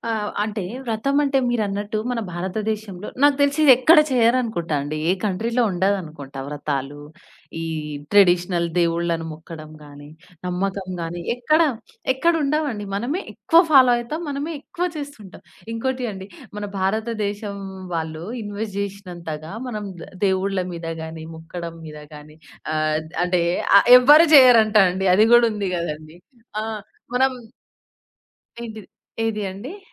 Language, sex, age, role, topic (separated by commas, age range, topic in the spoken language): Telugu, female, 20-24, guest, వ్రత రోజులలో వంట పద్ధతులు ఎలా ఉంటాయి?